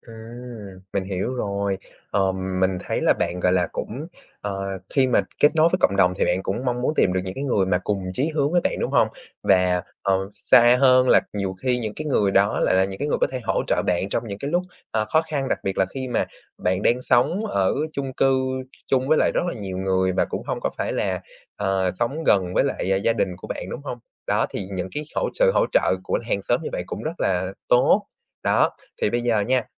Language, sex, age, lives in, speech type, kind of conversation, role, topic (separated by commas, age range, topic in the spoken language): Vietnamese, male, 20-24, Vietnam, conversation, advice, advisor, Làm sao để kết nối với cộng đồng và cảm thấy mình có ích?